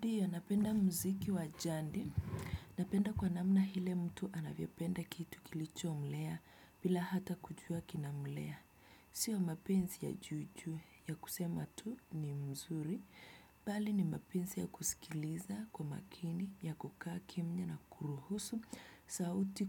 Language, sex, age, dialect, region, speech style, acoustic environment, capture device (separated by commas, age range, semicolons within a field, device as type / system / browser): Swahili, female, 25-34, Kenya - Nairobi Sheng-influenced, Kenya, happy, music; silence, desktop / Windows / Chrome